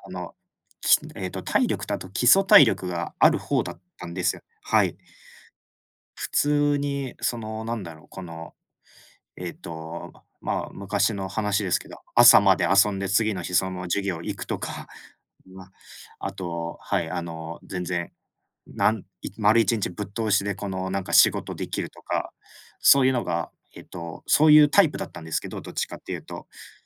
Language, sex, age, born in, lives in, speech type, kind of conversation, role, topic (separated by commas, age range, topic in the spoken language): Japanese, male, 25-29, Japan, Japan, conversation, unstructured, none, 体を動かしてみて、驚いたことはありますか？